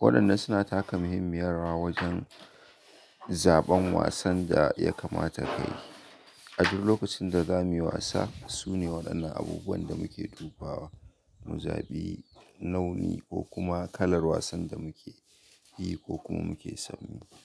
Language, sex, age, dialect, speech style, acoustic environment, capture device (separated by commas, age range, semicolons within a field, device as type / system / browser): Hausa, male, 25-34, Nigeria - Kano, happy, nature, mobile / Linux / Chrome